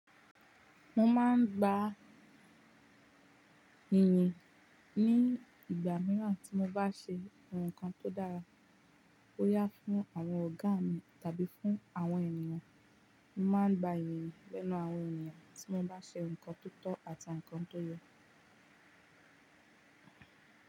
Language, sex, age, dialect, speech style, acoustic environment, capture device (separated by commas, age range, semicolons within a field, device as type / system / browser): Yoruba, female, 18-24, Nigeria - Ibadan, relaxed; focused, silence; nature, mobile / Linux / Chrome